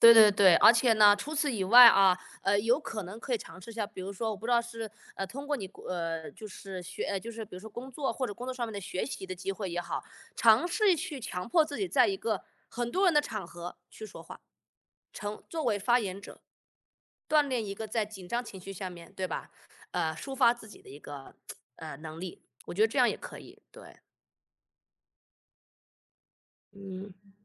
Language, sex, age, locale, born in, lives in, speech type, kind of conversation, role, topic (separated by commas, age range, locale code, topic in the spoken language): Chinese, male, 35-39, zh-CN, United States, United States, conversation, advice, advisor, 我该怎么做才能更真实地表达自己，说出真心话？